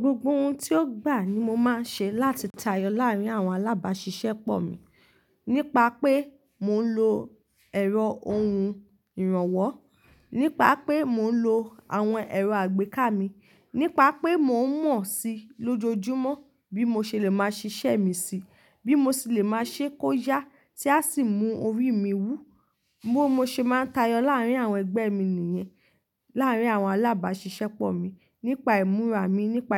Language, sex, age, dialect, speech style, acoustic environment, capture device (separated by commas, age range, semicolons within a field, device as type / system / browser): Yoruba, female, 25-34, Nigeria - Ibadan, relaxed; focused, nature; appliances, mobile / Linux / Chrome